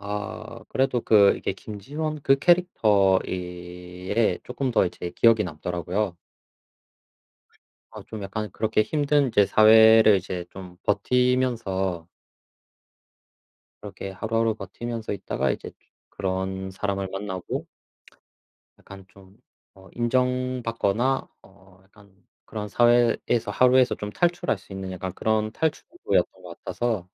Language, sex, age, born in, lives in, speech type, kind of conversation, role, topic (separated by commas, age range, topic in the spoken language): Korean, male, 25-29, South Korea, South Korea, conversation, unstructured, none, 최근에 본 드라마 중에서 가장 인상 깊었던 작품은 무엇인가요?